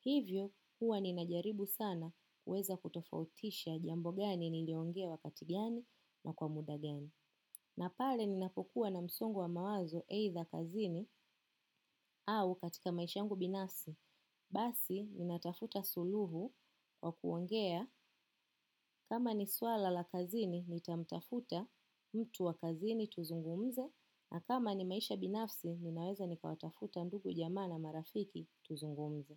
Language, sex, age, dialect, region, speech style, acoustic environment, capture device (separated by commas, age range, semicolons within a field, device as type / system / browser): Swahili, female, 25-34, Kenya - Nairobi Sheng-influenced, Kenya, happy; relaxed; excited; focused, silence, desktop / macOS / Chrome